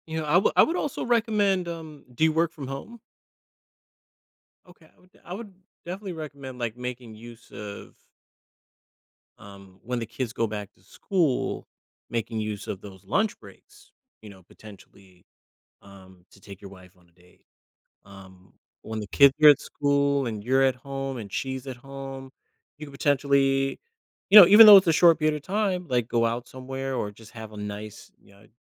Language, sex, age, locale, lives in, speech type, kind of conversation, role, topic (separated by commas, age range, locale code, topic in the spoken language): English, male, 35-39, en-US, United States, conversation, advice, advisor, How can I bring excitement back into my long-term relationship?